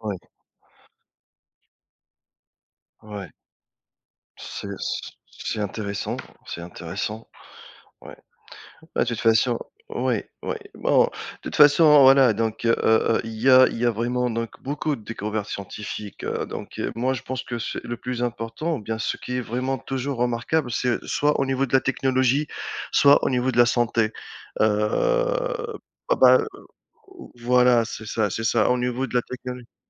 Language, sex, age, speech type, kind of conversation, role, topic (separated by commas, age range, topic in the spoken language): French, male, 35-39, conversation, unstructured, none, Quelle découverte scientifique t’a le plus surprise ?